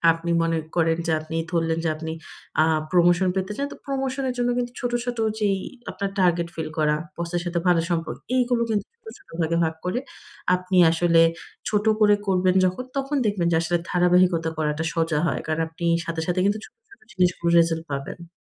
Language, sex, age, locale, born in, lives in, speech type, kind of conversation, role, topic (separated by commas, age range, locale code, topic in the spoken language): Bengali, female, 25-29, bn-BD, Bangladesh, Finland, conversation, advice, advisor, আমি কীভাবে প্রেরণা ধরে রেখে ধারাবাহিকভাবে অগ্রগতি করতে পারি?